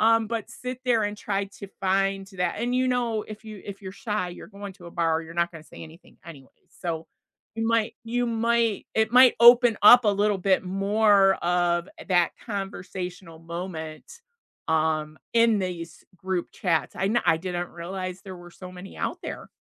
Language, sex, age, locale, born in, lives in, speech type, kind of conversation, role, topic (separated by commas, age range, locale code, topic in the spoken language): English, female, 55-59, en-US, United States, United States, conversation, unstructured, none, What is a good way to make new friends as an adult?